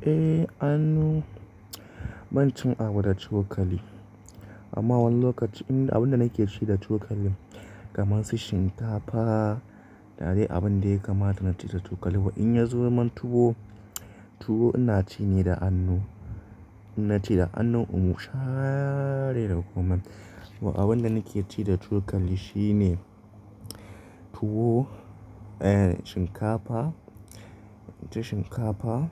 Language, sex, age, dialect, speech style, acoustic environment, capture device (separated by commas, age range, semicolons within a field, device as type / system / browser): Hausa, male, 25-34, Nigeria - Nigerian Standard, happy, silence, mobile / Linux / Chrome